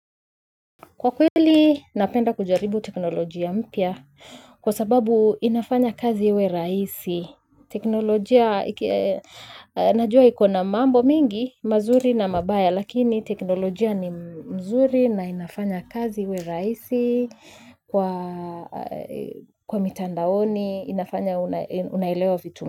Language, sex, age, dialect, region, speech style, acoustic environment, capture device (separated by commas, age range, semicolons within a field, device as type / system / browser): Swahili, female, 25-34, Kenya - Nairobi Sheng-influenced, Kenya, happy; excited, silence, mobile / Linux / Chrome